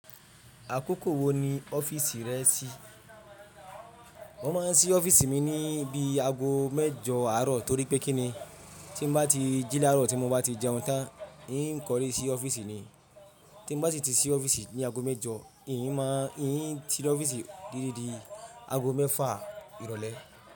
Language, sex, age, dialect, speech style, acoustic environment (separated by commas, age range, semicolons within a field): Yoruba, male, 25-34, Nigeria - Ibadan, relaxed, traffic; silence